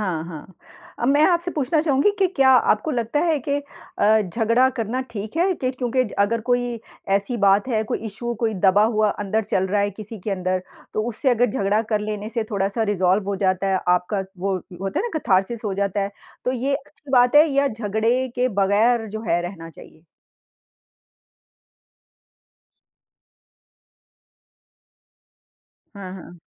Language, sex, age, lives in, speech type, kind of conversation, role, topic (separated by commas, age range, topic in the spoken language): Hindi, female, 50-54, United States, conversation, unstructured, none, परिवार में सबसे बड़ा झगड़ा आमतौर पर किस बात पर होता है?